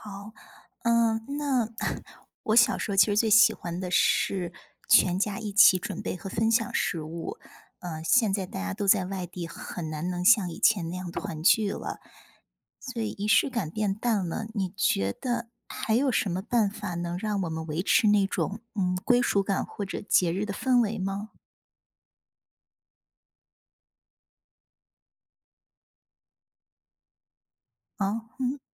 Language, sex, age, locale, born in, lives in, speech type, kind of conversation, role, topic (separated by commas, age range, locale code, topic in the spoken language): Chinese, female, 40-44, zh-CN, China, United States, read, expert-assistant, asker, 你觉得家乡的风俗和节日对你来说意味着什么？如果没有这些传统，你会有什么不同的感受？